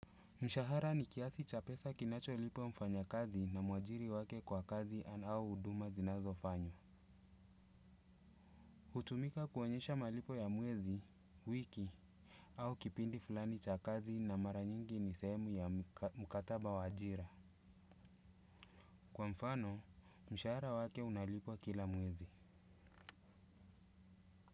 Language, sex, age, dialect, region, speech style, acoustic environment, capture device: Swahili, male, 35-44, Kenya - Mombasa, Kenya, relaxed, nature, desktop / Windows / Chrome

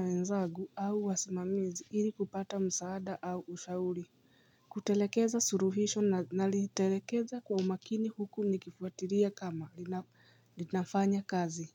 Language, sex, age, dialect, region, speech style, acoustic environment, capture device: Swahili, female, 35-44, Kenya - Nairobi Sheng-influenced, Kenya, nervous, silence, mobile / Linux / Chrome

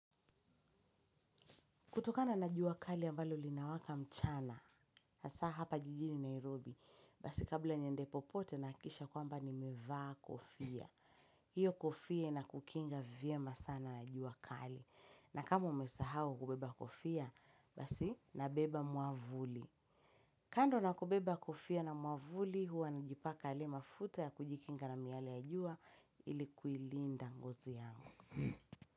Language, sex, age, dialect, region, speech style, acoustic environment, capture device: Swahili, female, 25-34, Kenya - Mombasa, Kenya, happy; relaxed; excited, silence, mobile / Linux / Chrome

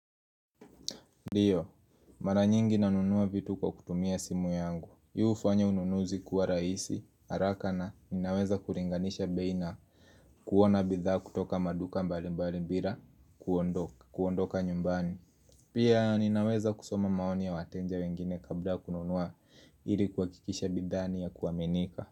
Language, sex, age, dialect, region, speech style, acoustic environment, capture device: Swahili, male, 18-24, Kenya - Nairobi Sheng-influenced, Kenya, happy; nervous; excited; focused, people talking; appliances; music; nature, mobile / Linux / Chrome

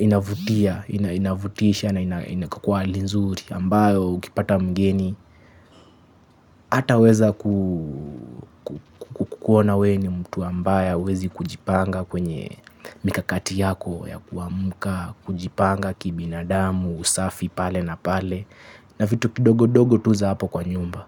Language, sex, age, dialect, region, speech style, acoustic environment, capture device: Swahili, male, 25-34, Kenya - Mombasa, Kenya, happy, construction, mobile / Linux / Chrome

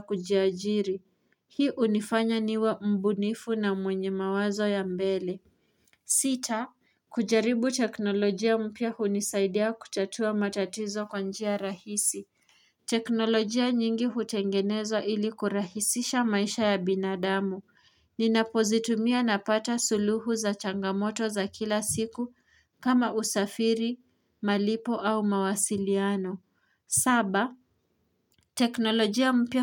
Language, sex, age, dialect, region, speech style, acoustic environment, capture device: Swahili, female, 18-24, Kenya - Nairobi Sheng-influenced, Kenya, anxious; relaxed; focused, nature, mobile / Linux / Chrome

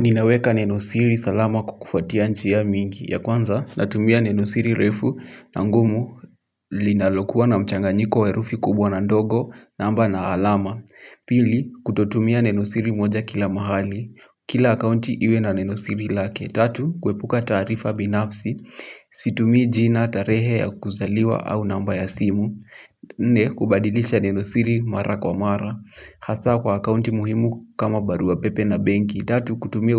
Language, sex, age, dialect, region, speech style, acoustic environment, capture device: Swahili, male, 18-24, Kenya - Kiambu, Kenya, happy, silence, mobile / Linux / Chrome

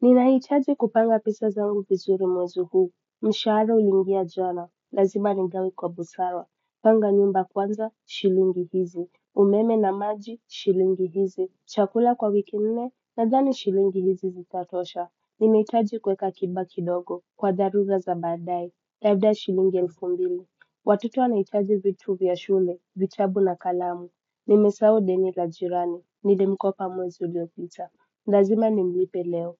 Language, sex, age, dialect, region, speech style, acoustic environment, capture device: Swahili, male, 25-34, Kenya - Mombasa, Kenya, relaxed, music, desktop / Windows / Chrome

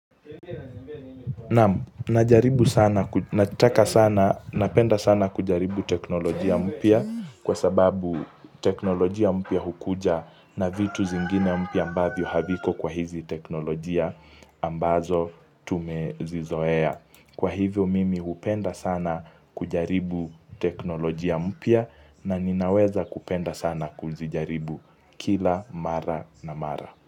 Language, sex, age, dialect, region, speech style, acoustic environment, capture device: Swahili, male, 18-24, Kenya - Mombasa, Kenya, focused, nature; silence, mobile / Linux / Chrome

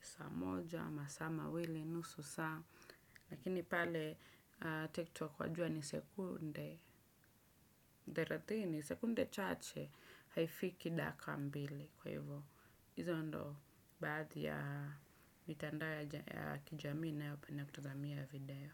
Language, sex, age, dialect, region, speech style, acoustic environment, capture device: Swahili, female, 25-34, Kenya - Nairobi Sheng-influenced, Kenya, focused, silence, desktop / Windows / Chrome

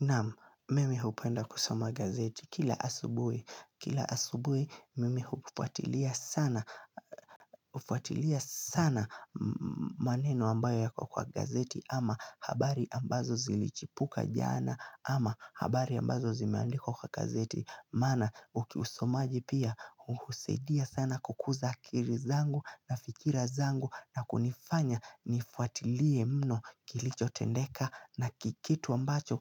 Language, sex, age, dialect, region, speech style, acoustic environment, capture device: Swahili, male, 18-24, Kenya - Nairobi Sheng-influenced, Kenya, relaxed, nature, mobile / Linux / Chrome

